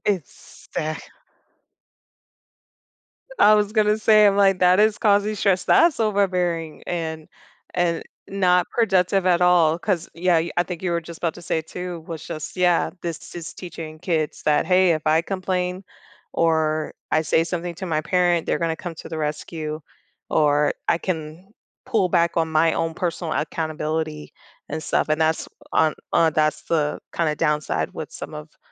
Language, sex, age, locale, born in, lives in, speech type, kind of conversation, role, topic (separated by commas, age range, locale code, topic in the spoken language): English, female, 30-34, en-US, United States, United States, conversation, podcast, guest, How can parents best support their child's learning and development at home?